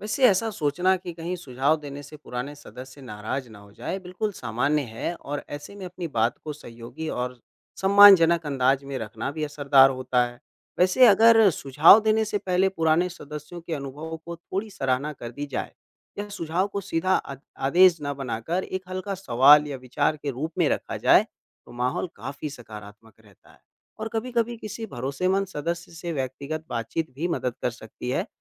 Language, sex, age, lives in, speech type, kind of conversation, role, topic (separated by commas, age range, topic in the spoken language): Hindi, male, 25-29, India, read, expert-assistant, expert, नगर निगम की बैठकों में एक नया व्यक्ति शामिल होने की शुरुआत कैसे कर सकता है?